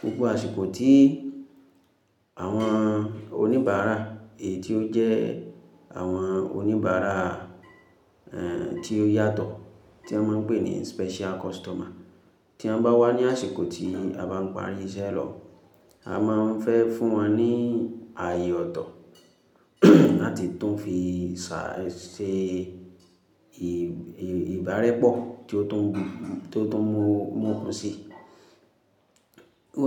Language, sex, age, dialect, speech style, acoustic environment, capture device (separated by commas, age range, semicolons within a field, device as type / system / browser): Yoruba, female, 25-34, Nigeria - Ibadan, focused; happy; excited, silence, mobile / Linux / Chrome